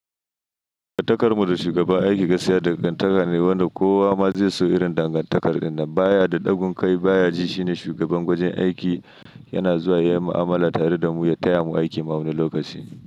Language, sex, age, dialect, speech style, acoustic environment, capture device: Hausa, male, 25-34, Nigeria - Nigerian Standard, happy, silence, mobile / Linux / Chrome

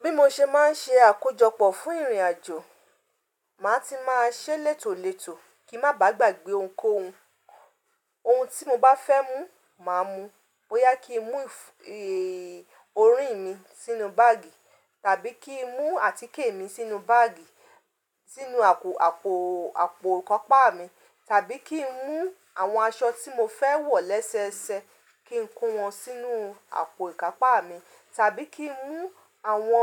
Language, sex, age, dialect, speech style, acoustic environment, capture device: Yoruba, female, 35-44, Nigeria - Ibadan, focused; relaxed, silence, mobile / Linux / Chrome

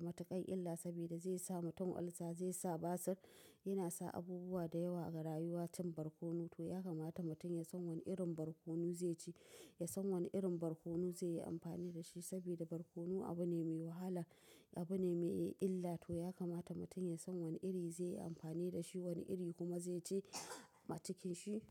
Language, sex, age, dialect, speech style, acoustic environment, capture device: Hausa, female, 25-34, Nigeria - Nigerian Standard, relaxed; tired; focused, appliances; nature; silence, mobile / macOS / Safari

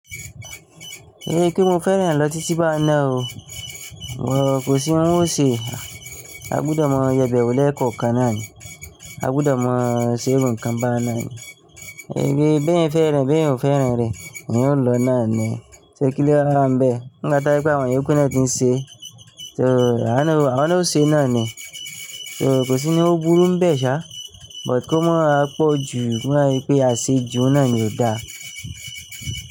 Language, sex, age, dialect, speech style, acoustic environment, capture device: Yoruba, male, 25-34, Nigeria - Nigerian Standard, relaxed, nature, mobile / Linux / Chrome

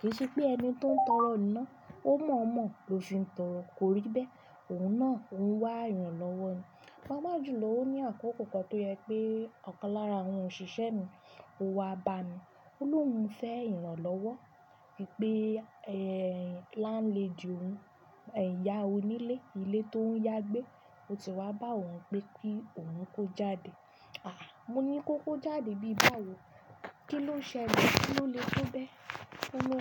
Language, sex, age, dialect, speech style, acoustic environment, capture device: Yoruba, female, 25-34, Nigeria - Ibadan, happy, silence, desktop / Linux / Chrome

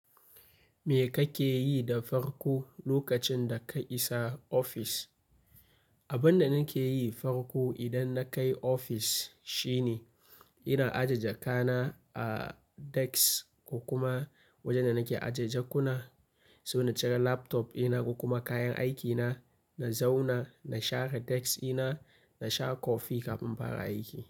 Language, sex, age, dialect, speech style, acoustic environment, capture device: Hausa, male, 25-34, Nigeria - Kano, happy; focused, nature; silence, mobile / Linux / Chrome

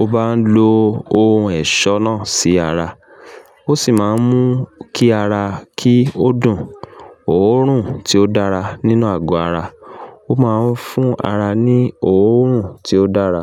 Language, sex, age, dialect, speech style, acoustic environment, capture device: Yoruba, male, 35-44, Nigeria - Ibadan, nervous, construction, mobile / Linux / Chrome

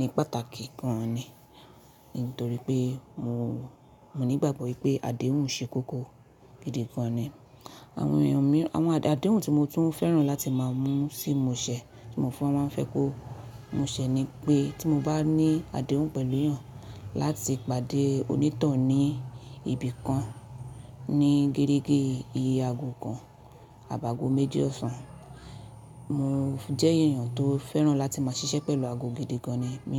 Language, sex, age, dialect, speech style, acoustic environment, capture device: Yoruba, female, 25-34, Nigeria - Nigerian Standard, focused, silence, mobile / Linux / Chrome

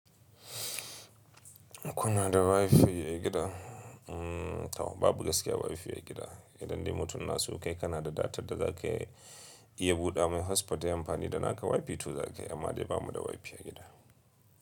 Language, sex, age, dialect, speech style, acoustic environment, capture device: Hausa, male, 25-34, Nigeria - Kano, excited, silence, mobile / Linux / Chrome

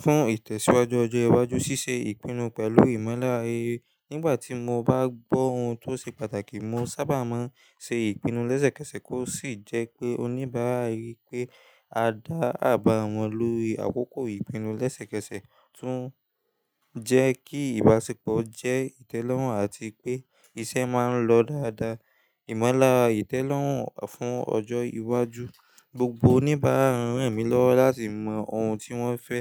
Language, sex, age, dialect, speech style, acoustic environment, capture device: Yoruba, male, 18-24, Nigeria - Lagos Yoruba, relaxed, silence; nature, mobile / Linux / Chrome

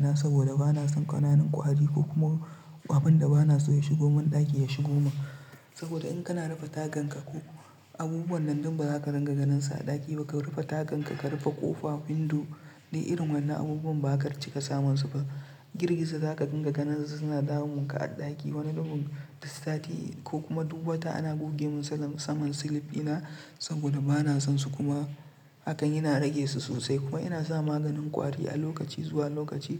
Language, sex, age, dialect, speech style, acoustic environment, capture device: Hausa, male, 25-34, Nigeria - Nigerian Standard, relaxed; tired; stressed; focused, nature; silence, mobile / Linux / Chrome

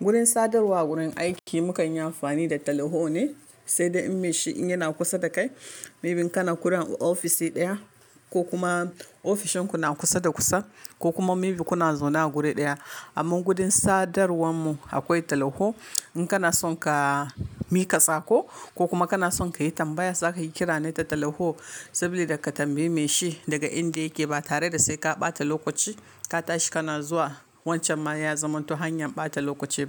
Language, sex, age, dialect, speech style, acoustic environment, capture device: Hausa, female, 25-34, Nigeria - Kano, focused; relaxed, silence, mobile / Linux / Chrome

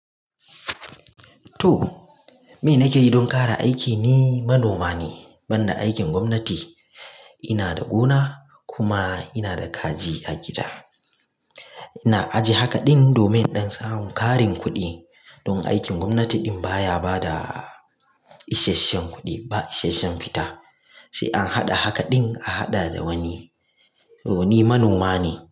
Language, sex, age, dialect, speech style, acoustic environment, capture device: Hausa, male, 25-34, Nigeria - Kano, focused, silence, mobile / Linux / Chrome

